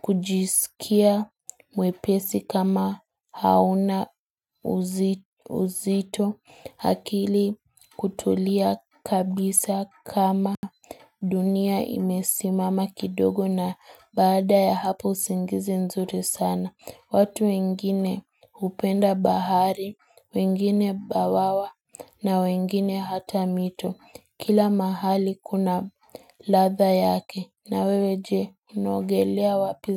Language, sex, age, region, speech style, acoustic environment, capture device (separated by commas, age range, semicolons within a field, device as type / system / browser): Swahili, female, 18-24, Kenya, excited, nature, mobile / Linux / Chrome